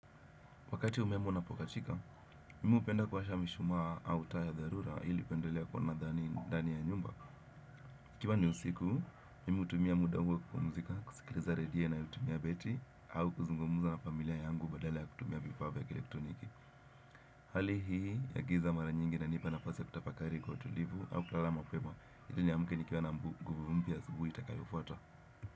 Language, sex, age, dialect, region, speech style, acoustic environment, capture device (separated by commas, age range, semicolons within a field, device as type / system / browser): Swahili, male, 18-24, Kenya - Nairobi Sheng-influenced, Kenya, relaxed, appliances, desktop / Windows / Chrome